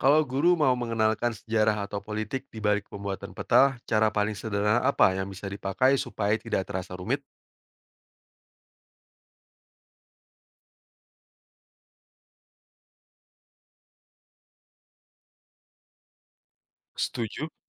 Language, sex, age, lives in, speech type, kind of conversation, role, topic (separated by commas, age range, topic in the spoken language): Indonesian, male, 30-34, Indonesia, read, expert-assistant, asker, Ada mitos bahwa peta dunia seringkali menggambarkan ukuran benua-benua secara tidak akurat, terutama Afrika dan Greenland. Apakah benar demikian, dan apa dampak distorsi peta terhadap pemahaman geografi fisik kita?